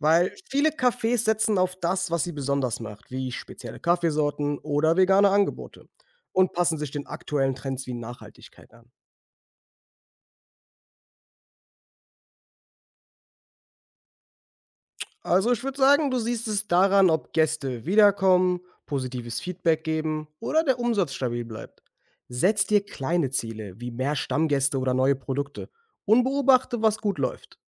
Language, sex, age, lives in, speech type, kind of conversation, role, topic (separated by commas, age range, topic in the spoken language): German, male, 25-29, Germany, read, expert-assistant, expert, Wie entwickle ich eine erfolgreiche Geschäftsstrategie für mein Café?